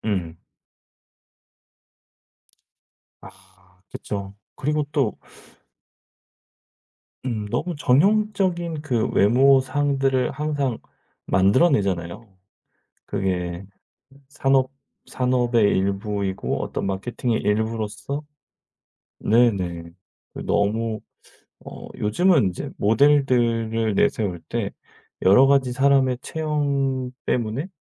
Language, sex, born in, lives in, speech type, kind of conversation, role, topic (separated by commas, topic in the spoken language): Korean, male, South Korea, South Korea, conversation, podcast, guest, 외모 때문에 자신감이 떨어질 때 어떻게 하면 좋을까요?